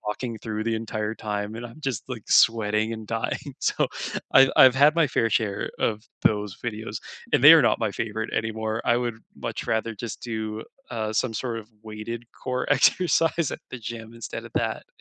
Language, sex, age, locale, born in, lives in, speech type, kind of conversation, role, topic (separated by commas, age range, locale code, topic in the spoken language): English, male, 25-29, en-CA, Canada, Canada, conversation, unstructured, none, What YouTube DIY channels, tips, and takeaways have helped you learn the most?